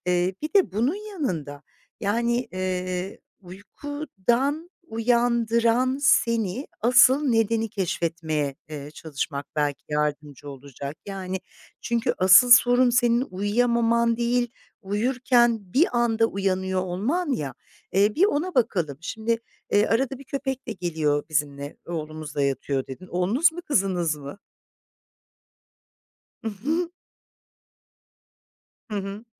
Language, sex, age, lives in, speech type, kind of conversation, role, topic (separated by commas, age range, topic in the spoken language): Turkish, female, 50-54, Italy, conversation, advice, advisor, Gece sık sık uyanıp tekrar uyuyamama sorununu nasıl yaşıyorsun?